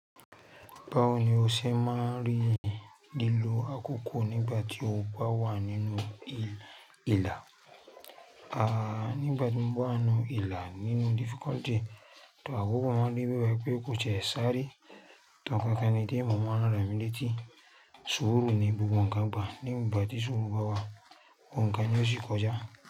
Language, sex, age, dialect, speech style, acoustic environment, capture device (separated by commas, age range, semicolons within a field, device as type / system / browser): Yoruba, male, 35-44, Nigeria - Lagos Yoruba, excited; relaxed, nature, mobile / Linux / Chrome